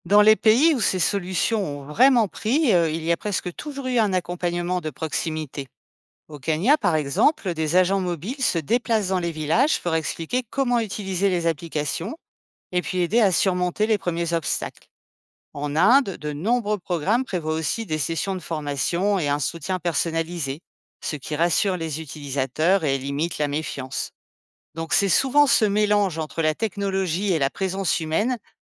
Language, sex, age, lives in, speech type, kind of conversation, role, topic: French, female, 50-54, France, read, expert-assistant, expert, Les microcrédits sont-ils vraiment efficaces pour soutenir les petits commerçants ?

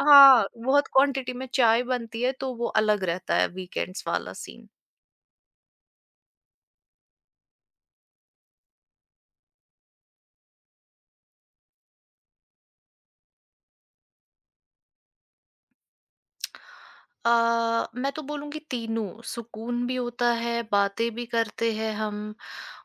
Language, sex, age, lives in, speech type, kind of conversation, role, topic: Hindi, female, 25-29, India, conversation, podcast, guest, Shaam ko chai ke waqt ghar mein kya-kya hota hai, thoda describe karoge?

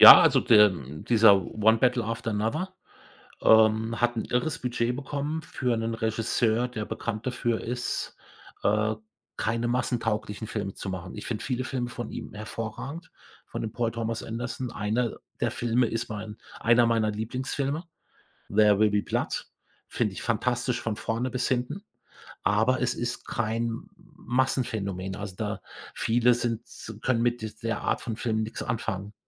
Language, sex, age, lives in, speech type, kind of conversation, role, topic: German, male, 55-59, Germany, conversation, podcast, guest, Warum fühlen sich Menschen von internationalen Serien und Filmen angezogen?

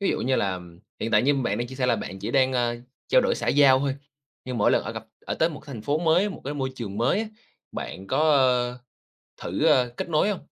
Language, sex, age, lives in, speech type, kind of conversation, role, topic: Vietnamese, male, 25-29, Vietnam, conversation, advice, advisor, Làm thế nào để kết bạn mới trong môi trường mới khi bạn thấy khó xây dựng sự thân thiết?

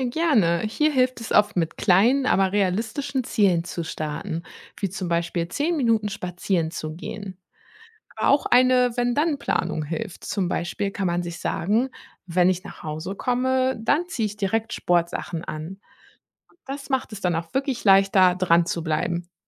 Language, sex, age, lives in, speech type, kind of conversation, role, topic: German, female, 30-34, Germany, read, expert-assistant, expert, Wie kann man sich und andere dauerhaft zu gesunden Gewohnheiten motivieren?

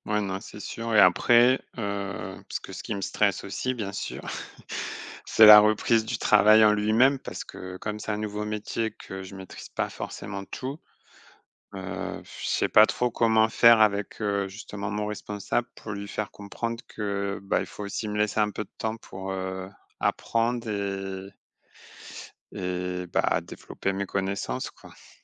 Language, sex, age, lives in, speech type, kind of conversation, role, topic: French, male, 35-39, France, conversation, advice, user, Comment s’est passé votre retour au travail après un long congé, et comment avez-vous géré les attentes ?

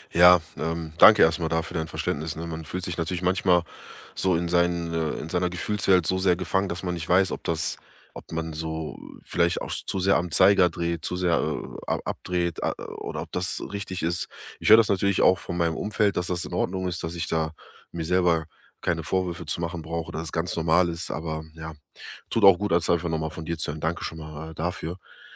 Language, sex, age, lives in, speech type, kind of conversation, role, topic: German, male, 30-34, Germany, conversation, advice, user, Wie bleibe ich bei einem schwierigen Projekt, das viel Konzentration erfordert, selbstmotiviert?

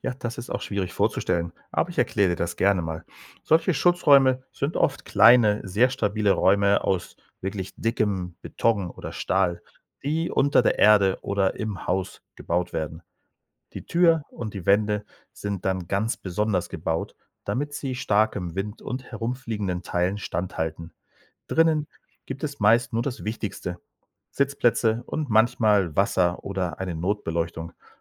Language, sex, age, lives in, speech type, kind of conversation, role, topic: German, male, 45-49, Spain, read, expert-assistant, expert, Wie entsteht ein Tornado?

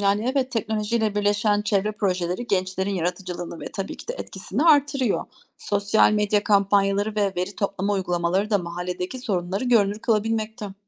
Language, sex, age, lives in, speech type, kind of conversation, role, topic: Turkish, female, 40-44, Germany, read, expert-assistant, expert, Neden bazı toplumlar afet zamanında daha hızlı organize olabiliyor?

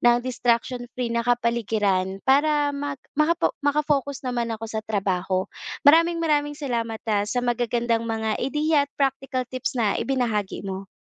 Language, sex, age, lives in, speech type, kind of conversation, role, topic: Filipino, female, 25-29, Philippines, conversation, advice, user, Paano ako makakalikha ng kapaligirang walang abala para makapagtrabaho?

